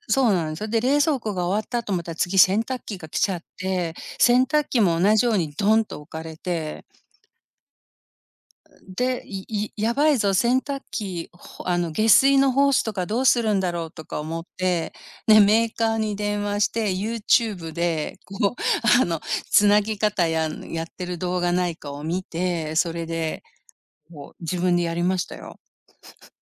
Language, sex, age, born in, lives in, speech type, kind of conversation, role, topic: Japanese, female, 55-59, Japan, United States, conversation, unstructured, none, お金を使うときに気をつけていることは何ですか？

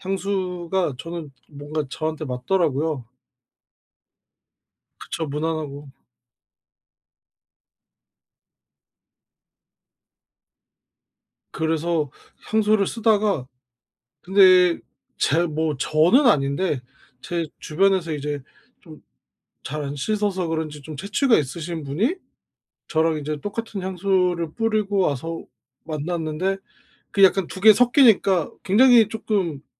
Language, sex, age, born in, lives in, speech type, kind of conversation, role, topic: Korean, male, 30-34, South Korea, South Korea, conversation, podcast, guest, 스타일 변신을 결심하게 된 계기는 무엇이었나요?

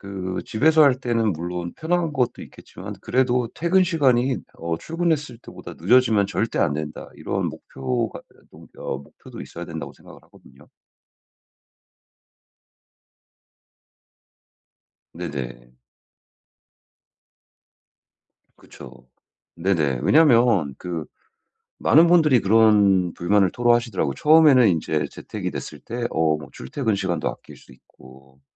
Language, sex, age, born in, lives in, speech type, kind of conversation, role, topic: Korean, male, 35-39, United States, United States, conversation, advice, advisor, 어떻게 하면 중간중간 휴식을 통해 번아웃을 예방하고 집중력을 빠르게 회복할 수 있을까요?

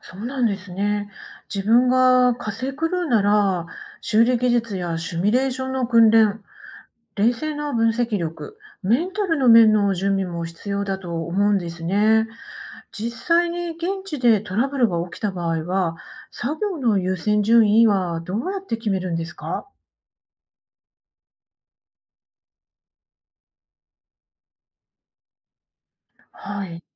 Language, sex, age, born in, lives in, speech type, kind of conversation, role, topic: Japanese, female, 50-54, Japan, Japan, read, expert-assistant, asker, 火星への有人探査計画にはどのような利点と課題がありますか？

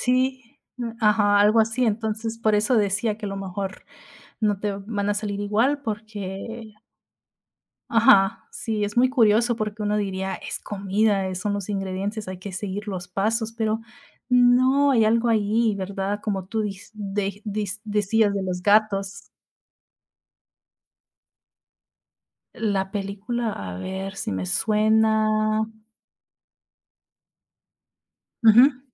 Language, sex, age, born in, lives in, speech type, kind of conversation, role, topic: Spanish, female, 30-34, United States, United States, conversation, unstructured, none, ¿Tienes algún recuerdo especial asociado con alguna comida?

